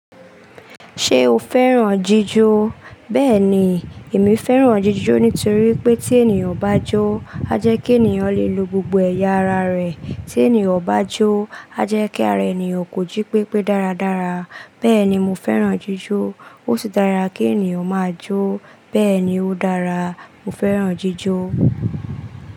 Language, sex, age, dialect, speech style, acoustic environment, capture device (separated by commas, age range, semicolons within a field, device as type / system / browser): Yoruba, female, 25-34, Nigeria - Lagos Yoruba, relaxed, silence; appliances, desktop / Linux / Chrome